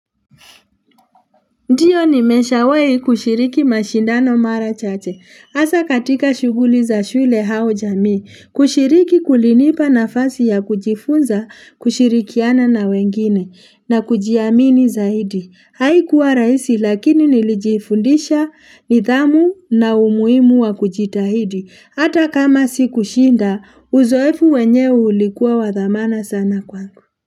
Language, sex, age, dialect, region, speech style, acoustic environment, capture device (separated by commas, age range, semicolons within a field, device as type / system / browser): Swahili, female, 35-44, Kenya - Nairobi Sheng-influenced, Kenya, relaxed; excited; focused, people talking; nature; appliances, mobile / Linux / Chrome